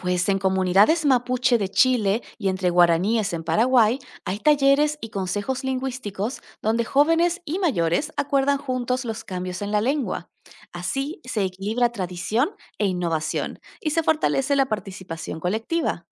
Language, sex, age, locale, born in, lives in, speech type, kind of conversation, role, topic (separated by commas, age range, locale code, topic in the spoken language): Spanish, female, 30-34, es-AR, Argentina, Netherlands, read, expert-assistant, expert, ¿Qué tan confiables son las noticias que dicen que una lengua está a punto de desaparecer?